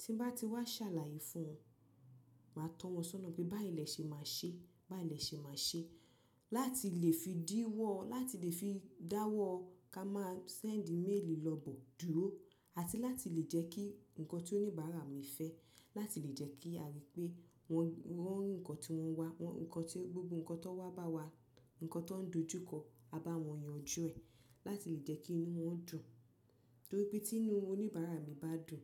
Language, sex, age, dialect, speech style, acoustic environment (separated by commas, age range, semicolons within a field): Yoruba, female, 25-34, Nigeria - Nigerian Standard, relaxed, people talking